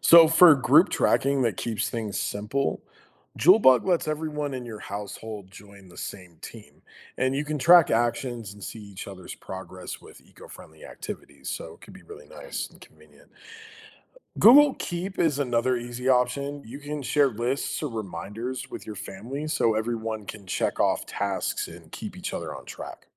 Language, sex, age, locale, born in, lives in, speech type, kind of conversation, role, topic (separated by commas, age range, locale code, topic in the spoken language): English, male, 35-39, en-US, United States, United States, read, expert-assistant, expert, Why is it important to take care of the environment?